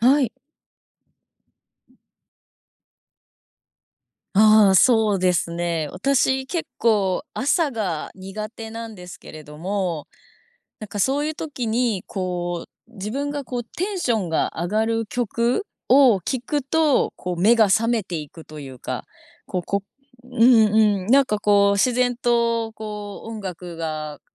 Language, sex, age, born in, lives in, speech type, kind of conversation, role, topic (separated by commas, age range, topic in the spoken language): Japanese, female, 25-29, Japan, Japan, conversation, podcast, guest, 音楽は気分にどんな影響を与えると感じますか？